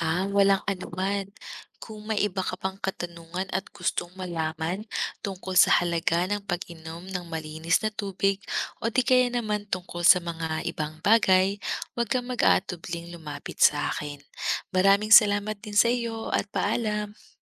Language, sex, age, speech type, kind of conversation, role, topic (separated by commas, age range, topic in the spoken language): Filipino, female, 30-34, read, expert-assistant, expert, Bakit mahalaga ang pag-inom ng malinis na tubig sa kalusugan?